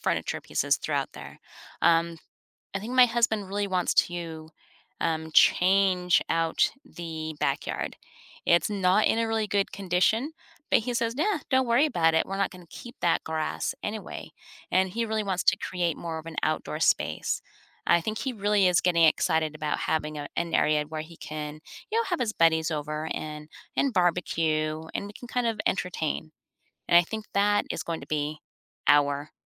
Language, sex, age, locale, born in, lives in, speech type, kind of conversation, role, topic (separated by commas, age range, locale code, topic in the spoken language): English, female, 50-54, en-US, United States, United States, conversation, advice, user, How should I approach buying my first home?